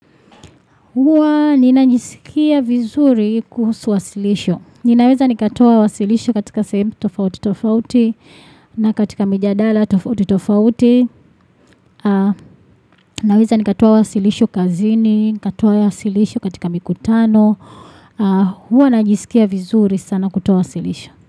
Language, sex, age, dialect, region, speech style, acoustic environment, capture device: Swahili, female, 25-34, Tanzania - Dar es Salaam, Tanzania, relaxed, appliances; people talking, desktop / Windows / Chrome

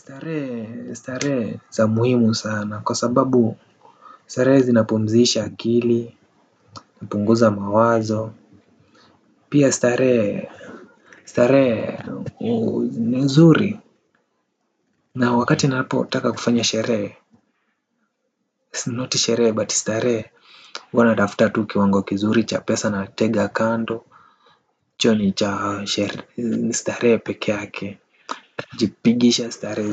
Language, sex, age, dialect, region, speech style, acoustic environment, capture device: Swahili, male, 18-24, Kenya - Nairobi Sheng-influenced, Kenya, relaxed, nature, desktop / Windows / Firefox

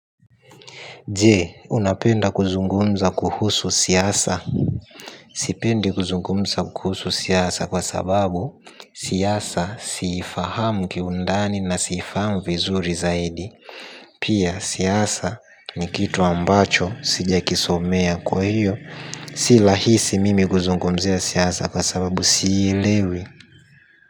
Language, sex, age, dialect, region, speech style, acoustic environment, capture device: Swahili, male, 18-24, Tanzania - Dar es Salaam, Tanzania, happy, silence, mobile / Linux / Chrome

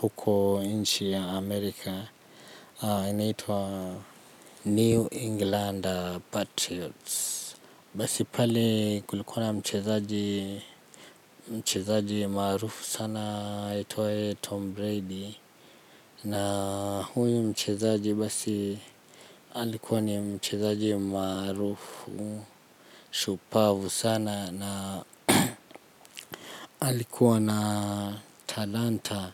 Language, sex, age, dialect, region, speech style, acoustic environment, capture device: Swahili, male, 25-34, Kenya - Nairobi Sheng-influenced, Kenya, relaxed, nature; silence, mobile / Linux / Chrome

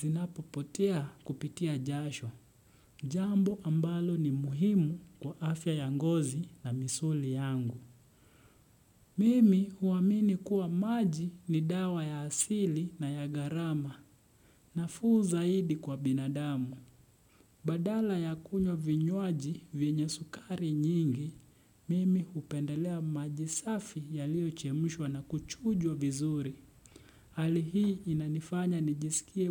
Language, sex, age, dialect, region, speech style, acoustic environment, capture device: Swahili, male, 25-34, Kenya - Nairobi Sheng-influenced, Kenya, relaxed, silence, desktop / Windows / Chrome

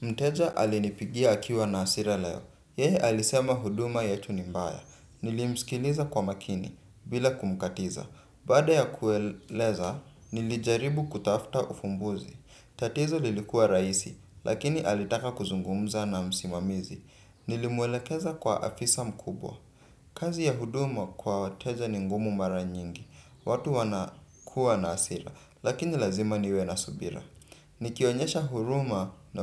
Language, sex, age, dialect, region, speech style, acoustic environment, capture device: Swahili, male, 18-24, Kenya - Nairobi Sheng-influenced, Kenya, relaxed; focused, silence, desktop / Windows / Chrome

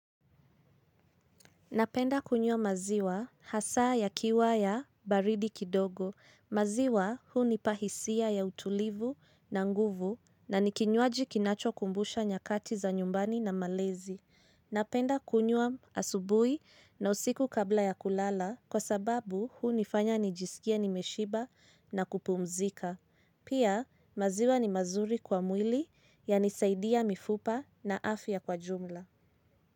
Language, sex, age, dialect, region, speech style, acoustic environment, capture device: Swahili, female, 25-34, Kenya - Nairobi Sheng-influenced, Kenya, relaxed, silence, mobile / Linux / Chrome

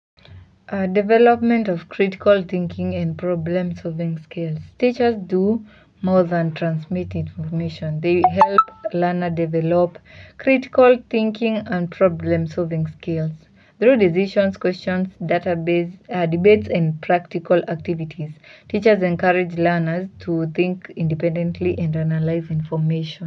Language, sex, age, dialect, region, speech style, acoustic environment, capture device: Swahili, female, 18-24, Kenya - Mombasa, Kenya, relaxed; focused, silence, mobile / Linux / Chrome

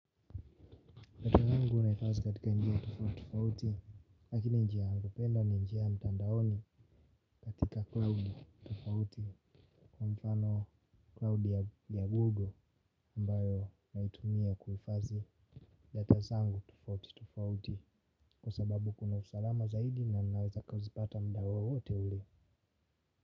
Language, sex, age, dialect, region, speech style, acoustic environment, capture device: Swahili, male, 18-24, Tanzania - Dar es Salaam, Tanzania, relaxed; happy, people talking; silence, mobile / Linux / Chrome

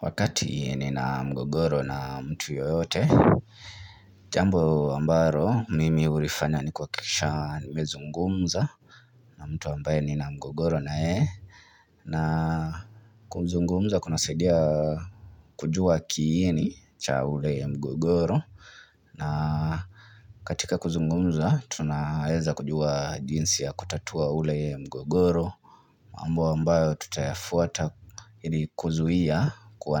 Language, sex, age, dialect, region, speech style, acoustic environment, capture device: Swahili, male, 25-34, Kenya - Nairobi Sheng-influenced, Kenya, relaxed, appliances, mobile / Linux / Chrome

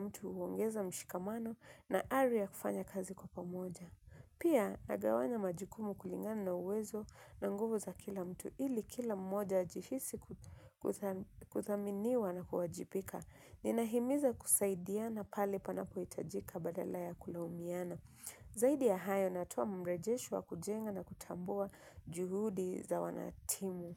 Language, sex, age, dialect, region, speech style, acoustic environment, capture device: Swahili, female, 25-34, Kenya - Mombasa, Kenya, relaxed, nature, mobile / Linux / Chrome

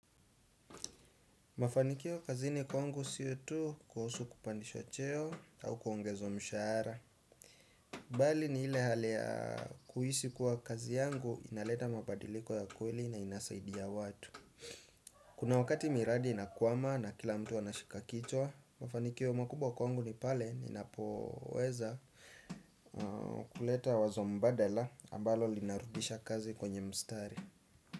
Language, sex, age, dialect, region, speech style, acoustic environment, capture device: Swahili, male, 25-34, Kenya - Nairobi Sheng-influenced, Kenya, relaxed, silence, desktop / Windows / Chrome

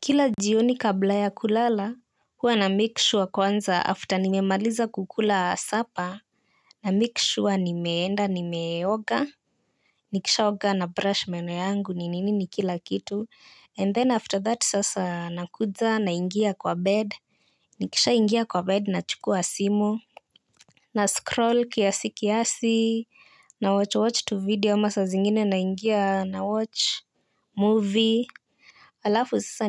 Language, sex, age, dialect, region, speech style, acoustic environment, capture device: Swahili, female, 18-24, Kenya - Nairobi Sheng-influenced, Kenya, relaxed, silence, mobile / Linux / Chrome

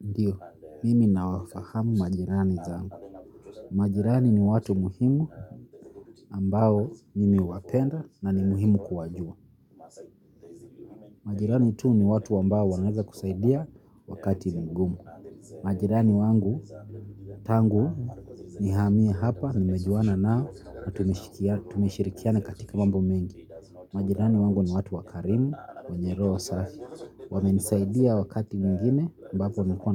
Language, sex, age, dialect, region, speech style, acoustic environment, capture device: Swahili, male, 25-34, Kenya - Nairobi Sheng-influenced, Kenya, happy, nature; silence, mobile / Linux / Chrome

